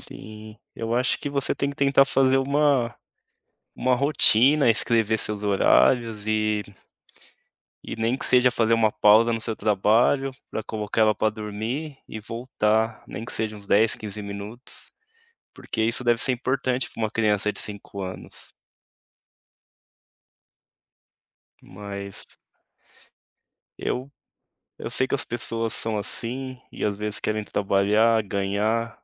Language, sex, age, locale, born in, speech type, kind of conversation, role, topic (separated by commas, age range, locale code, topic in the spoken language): Portuguese, male, 35-39, pt-BR, Brazil, conversation, advice, advisor, Como posso definir limites claros entre o trabalho remoto e a vida em casa?